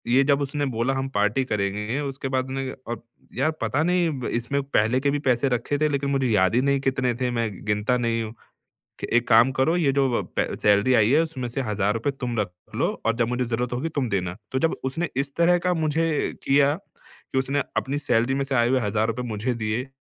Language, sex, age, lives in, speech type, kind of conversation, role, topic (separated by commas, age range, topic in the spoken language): Hindi, male, 30-34, India, conversation, advice, user, जब मुझे लगे कि मैंने कुछ गलत किया है, तो मैं अपराधबोध और शर्म को कैसे संभालूँ?